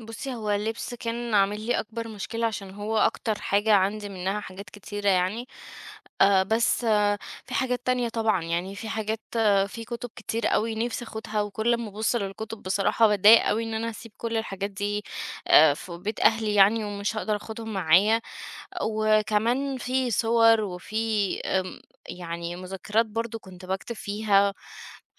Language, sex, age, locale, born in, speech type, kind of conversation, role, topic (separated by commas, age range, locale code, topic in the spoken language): Arabic, female, 30-34, ar-EG, Egypt, conversation, advice, user, إزاي أتعامل مع قلق النقل وأنا بقرر هاحتفظ بإيه وهستغنى عن إيه؟